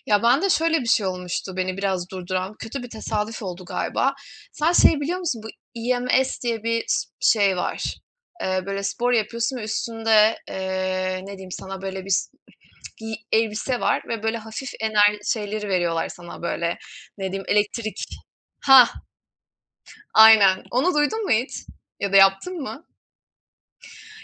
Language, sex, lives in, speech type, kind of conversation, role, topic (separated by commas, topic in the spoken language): Turkish, female, Germany, conversation, unstructured, none, Sizce spor yapmanın en keyifli yanları nelerdir?